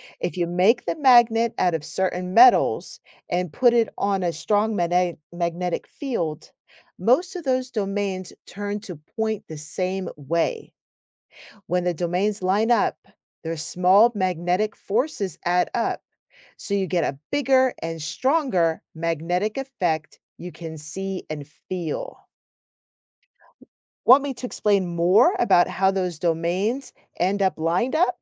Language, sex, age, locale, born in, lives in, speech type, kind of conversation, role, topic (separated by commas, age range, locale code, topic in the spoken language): English, female, 45-49, en-US, United States, United States, read, expert-assistant, expert, How do magnets attract or repel each other?